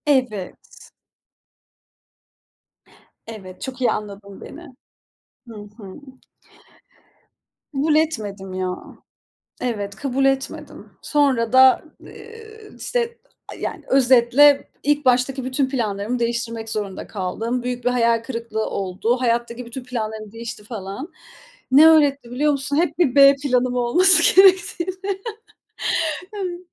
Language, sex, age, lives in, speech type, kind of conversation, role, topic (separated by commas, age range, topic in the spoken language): Turkish, female, 30-34, Estonia, conversation, unstructured, none, Hayatında seni en çok değiştiren deneyim neydi?